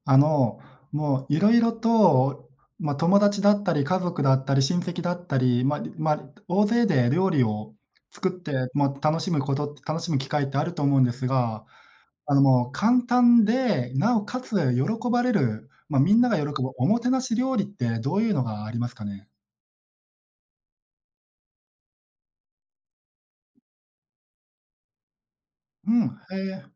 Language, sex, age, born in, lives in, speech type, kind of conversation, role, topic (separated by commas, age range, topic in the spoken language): Japanese, male, 30-34, Japan, Japan, conversation, podcast, host, 簡単に作れて喜ばれるおもてなし料理は何ですか？